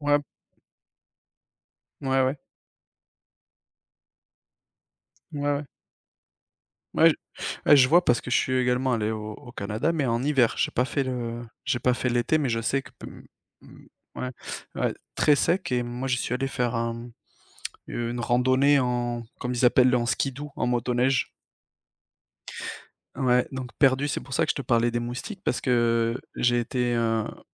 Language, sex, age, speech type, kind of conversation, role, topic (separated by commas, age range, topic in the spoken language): French, male, 30-34, conversation, unstructured, none, Quelle a été ta plus grande surprise en découvrant un pays étranger ?